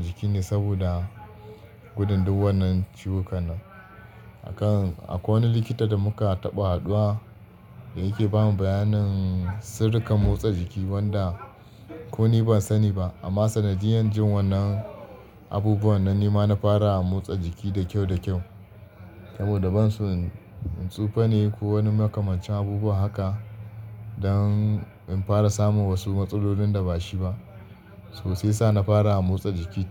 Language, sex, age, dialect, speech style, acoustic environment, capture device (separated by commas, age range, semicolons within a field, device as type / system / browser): Hausa, male, 25-34, Nigeria - Kano, happy; focused; relaxed, people talking; nature, desktop / Linux / Chrome